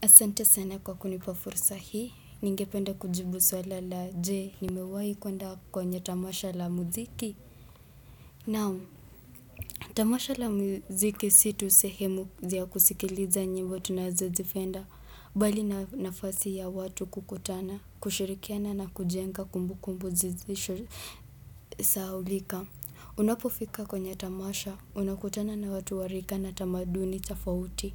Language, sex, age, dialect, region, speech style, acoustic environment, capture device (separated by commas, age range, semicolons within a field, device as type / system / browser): Swahili, male, 18-24, Kenya - Nairobi Sheng-influenced, Kenya, relaxed; happy; excited; focused, people talking; nature, desktop / Windows / Chrome